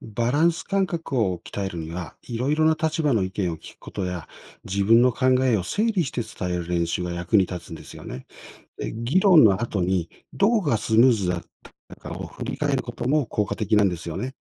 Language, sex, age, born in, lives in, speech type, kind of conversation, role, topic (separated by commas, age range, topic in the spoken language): Japanese, male, 55-59, Japan, Japan, read, expert-assistant, expert, 友達や同僚の考え方は、私たちの性格や行動にどんな影響を与えると思いますか？